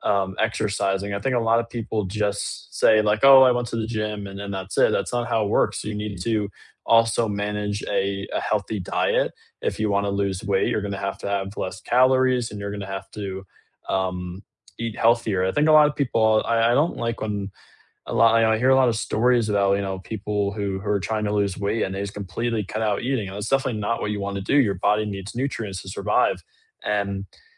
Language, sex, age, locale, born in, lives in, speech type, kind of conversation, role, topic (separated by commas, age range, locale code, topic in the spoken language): English, male, 18-19, en-US, United States, United States, conversation, unstructured, none, How does regular exercise affect your mood or stress levels?